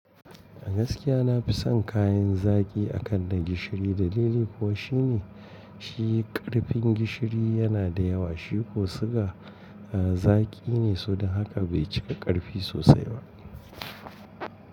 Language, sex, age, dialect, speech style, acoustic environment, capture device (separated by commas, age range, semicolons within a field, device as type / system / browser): Hausa, male, 45-54, Nigeria - Kano, focused, appliances, mobile / Linux / Chrome